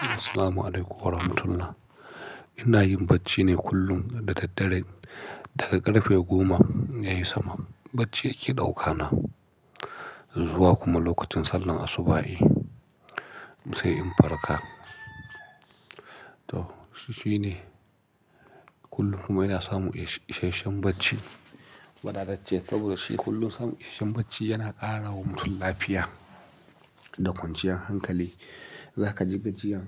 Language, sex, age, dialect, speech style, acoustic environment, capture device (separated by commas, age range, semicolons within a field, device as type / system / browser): Hausa, male, 35-44, Nigeria - Nigerian Standard, happy, nature, mobile / Linux / Chrome